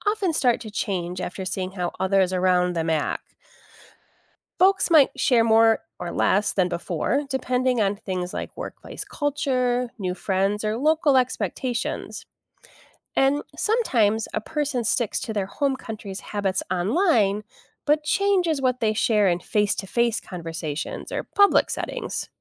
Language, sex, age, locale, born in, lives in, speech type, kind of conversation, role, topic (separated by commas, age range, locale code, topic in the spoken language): English, female, 45-49, en-US, United States, United States, read, expert-assistant, expert, How do various societies approach the concept of privacy and transparency?